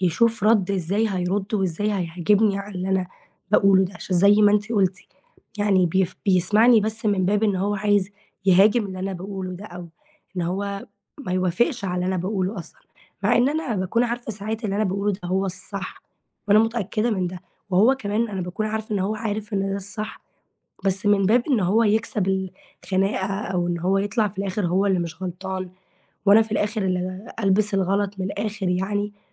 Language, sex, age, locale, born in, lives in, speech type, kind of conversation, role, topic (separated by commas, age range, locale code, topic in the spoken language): Arabic, female, 18-19, ar-EG, Egypt, Greece, conversation, advice, user, إزاي نحل خناقات بتتكرر بين اتنين ومش بتوصل لحل؟